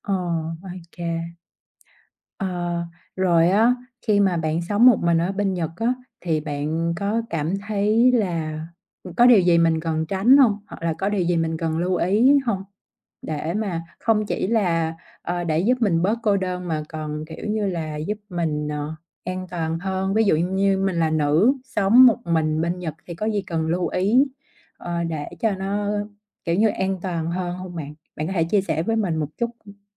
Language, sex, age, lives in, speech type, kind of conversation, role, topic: Vietnamese, female, 30-34, Vietnam, conversation, podcast, host, Bạn xử lý cảm giác cô đơn khi ở một mình như thế nào?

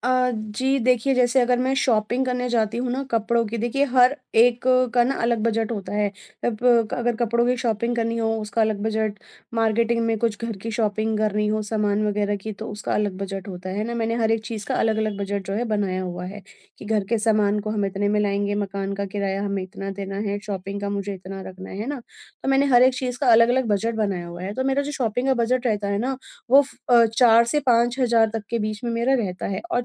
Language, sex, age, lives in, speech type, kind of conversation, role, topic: Hindi, female, 30-34, India, conversation, advice, user, बजट में अच्छे और टिकाऊ सामान कैसे ढूंढूँ?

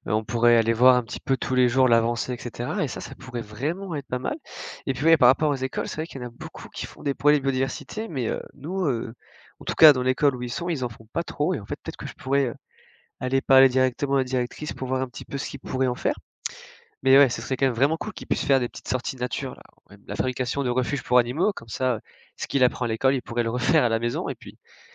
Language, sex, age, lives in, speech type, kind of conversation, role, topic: French, male, 20-24, France, read, expert-assistant, asker, Comment savoir si une info sur les espèces menacées est vraiment fiable ?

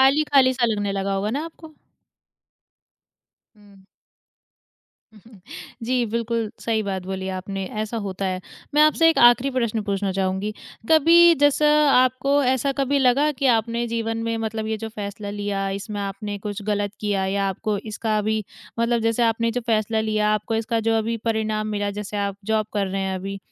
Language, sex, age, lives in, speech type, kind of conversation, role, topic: Hindi, female, 20-24, India, conversation, podcast, host, अगर आपको अपने परिवार से भावनात्मक दूरी महसूस हो रही हो, तो आप क्या सलाह देंगे?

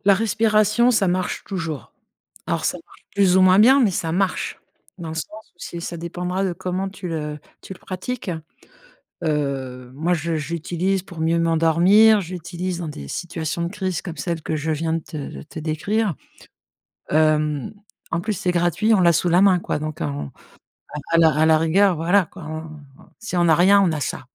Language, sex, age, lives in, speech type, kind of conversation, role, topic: French, female, 50-54, France, conversation, podcast, guest, Quelle méthode simple peux-tu utiliser tout de suite pour calmer ton esprit en urgence ?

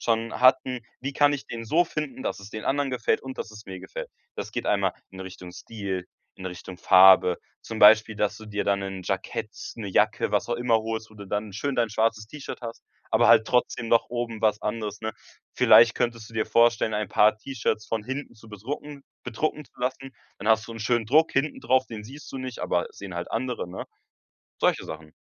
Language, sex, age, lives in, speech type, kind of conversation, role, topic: German, male, 18-19, Germany, conversation, advice, advisor, Warum finde ich keine Kleidung, die mir gut passt und in der ich mich wohlfühle?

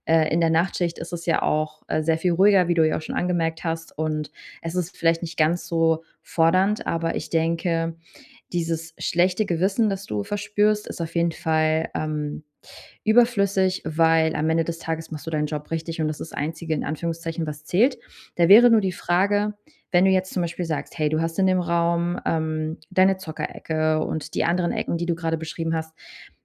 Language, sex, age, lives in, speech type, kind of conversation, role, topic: German, female, 30-34, Germany, conversation, advice, advisor, Wie kann ich Ablenkungen vermeiden, wenn ich mir feste Arbeitsblöcke einrichte?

